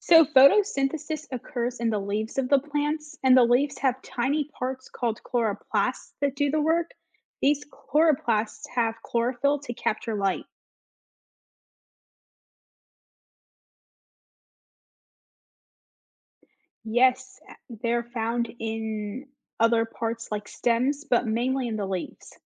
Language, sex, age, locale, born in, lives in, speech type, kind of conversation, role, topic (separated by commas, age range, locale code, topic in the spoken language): English, female, 25-29, en-US, United States, United States, read, expert-assistant, expert, What is the process of photosynthesis?